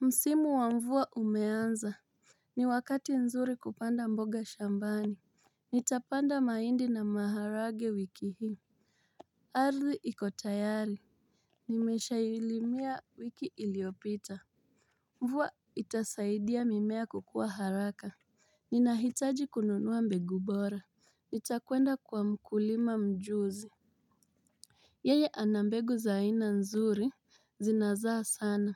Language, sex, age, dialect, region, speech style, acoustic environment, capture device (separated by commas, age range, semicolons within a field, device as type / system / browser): Swahili, female, 25-34, Kenya - Mombasa, Kenya, happy, silence, mobile / Linux / Chrome